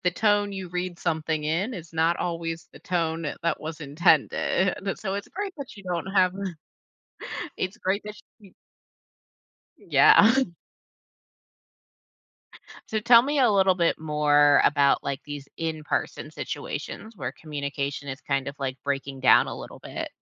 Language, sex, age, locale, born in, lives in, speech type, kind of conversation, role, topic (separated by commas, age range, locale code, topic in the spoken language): English, female, 35-39, en-US, United States, United States, conversation, advice, advisor, How can I communicate my feelings more clearly and reduce misunderstandings with my partner?